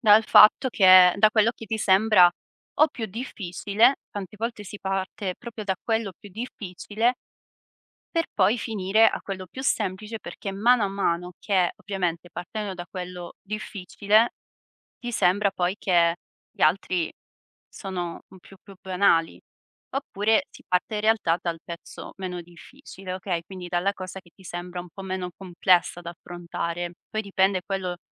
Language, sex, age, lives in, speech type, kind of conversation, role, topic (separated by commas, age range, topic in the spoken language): Italian, female, 25-29, Italy, conversation, advice, advisor, Perché non riesco a iniziare progetti creativi nonostante voglia farli?